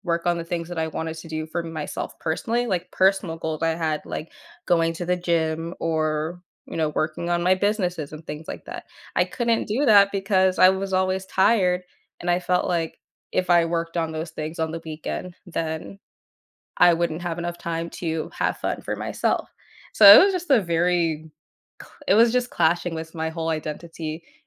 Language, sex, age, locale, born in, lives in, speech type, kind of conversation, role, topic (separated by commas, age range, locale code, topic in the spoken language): English, female, 20-24, en-US, United States, United States, conversation, podcast, guest, What habits help you maintain a healthy boundary between work and personal life at home?